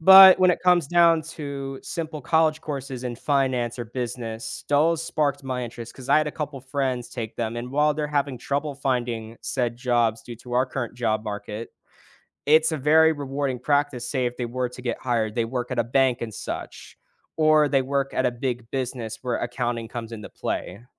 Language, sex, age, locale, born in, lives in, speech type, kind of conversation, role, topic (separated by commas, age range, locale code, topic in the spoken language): English, male, 18-19, en-US, United States, United States, conversation, podcast, guest, What helps people find the right career path for themselves?